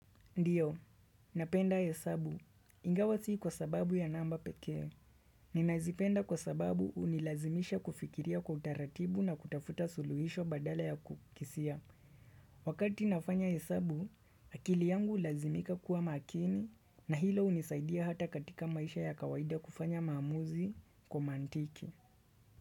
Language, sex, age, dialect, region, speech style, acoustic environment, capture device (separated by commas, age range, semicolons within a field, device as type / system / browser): Swahili, male, 25-34, Kenya - Nairobi Sheng-influenced, Kenya, relaxed; focused, nature; silence, desktop / Windows / Chrome